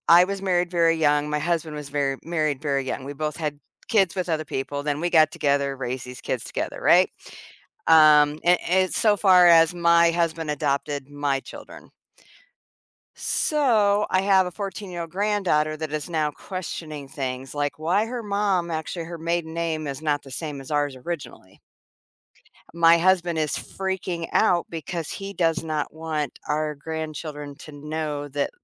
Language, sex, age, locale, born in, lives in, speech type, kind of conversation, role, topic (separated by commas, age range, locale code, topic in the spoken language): English, female, 55-59, en-US, United States, United States, conversation, unstructured, none, How do I adjust when a childhood belief about me is wrong?